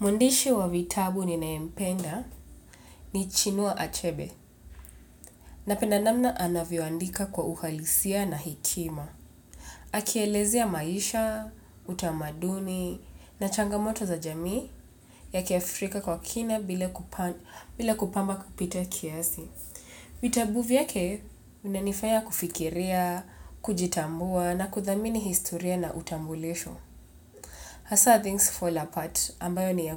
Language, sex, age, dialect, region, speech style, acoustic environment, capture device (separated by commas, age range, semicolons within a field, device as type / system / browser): Swahili, female, 25-34, Kenya - Nairobi Sheng-influenced, Kenya, relaxed; focused, nature; appliances, mobile / Linux / Chrome